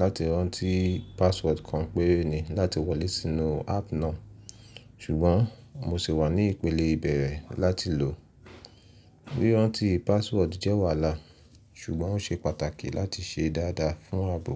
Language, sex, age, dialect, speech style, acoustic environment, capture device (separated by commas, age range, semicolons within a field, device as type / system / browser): Yoruba, male, 25-34, Nigeria - Nigerian Standard, happy; relaxed, silence, mobile / Linux / Chrome